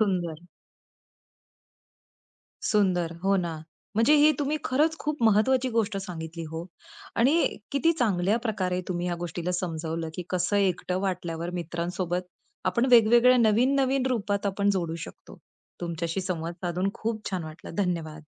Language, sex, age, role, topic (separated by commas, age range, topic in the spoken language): Marathi, female, 35-39, host, एकटे वाटल्यावर मित्रांशी पुन्हा संपर्क कसा साधावा?